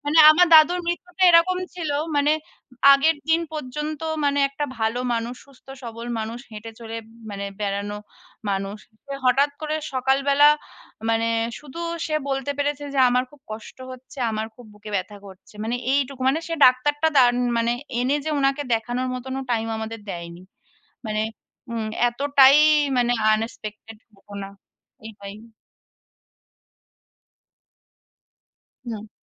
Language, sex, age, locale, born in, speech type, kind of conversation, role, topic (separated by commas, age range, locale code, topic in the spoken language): Bengali, female, 30-34, bn-IN, India, conversation, unstructured, none, আপনার জীবনে কোন অভিজ্ঞতা আপনাকে সবচেয়ে বেশি বদলে দিয়েছে?